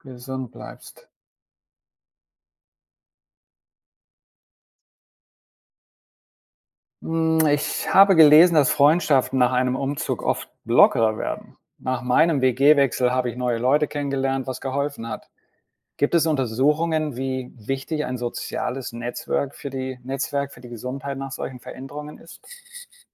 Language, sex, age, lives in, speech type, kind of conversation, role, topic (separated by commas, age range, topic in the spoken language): German, male, 55-59, United States, read, expert-assistant, asker, Kennst du spannende Podcasts oder Webseiten, auf denen man erfährt, wie Dinge wie Arbeit, Wohnen oder Bildung unsere Gesundheit beeinflussen?